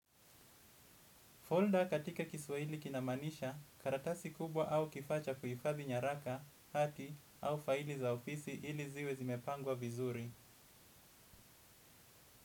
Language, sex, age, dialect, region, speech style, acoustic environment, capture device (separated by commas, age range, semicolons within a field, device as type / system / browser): Swahili, male, 25-34, Kenya - Mombasa, Kenya, anxious; relaxed; excited, silence, desktop / Windows / Edge